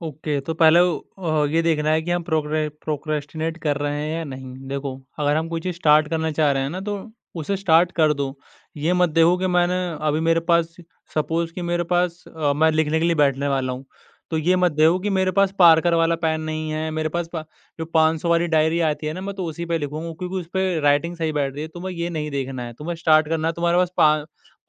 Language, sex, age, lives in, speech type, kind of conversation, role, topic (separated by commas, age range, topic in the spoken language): Hindi, male, 20-24, India, conversation, podcast, guest, Procrastination se kaise nipte jab long-term kaam boring lage?